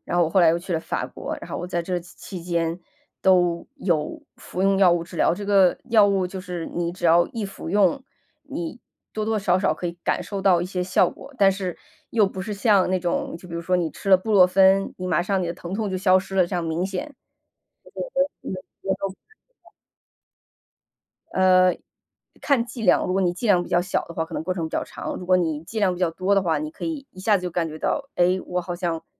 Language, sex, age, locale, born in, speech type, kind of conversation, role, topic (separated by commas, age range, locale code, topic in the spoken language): Chinese, female, 35-39, zh-CN, China, conversation, podcast, guest, 有哪次失败反而改变了你的人生方向吗？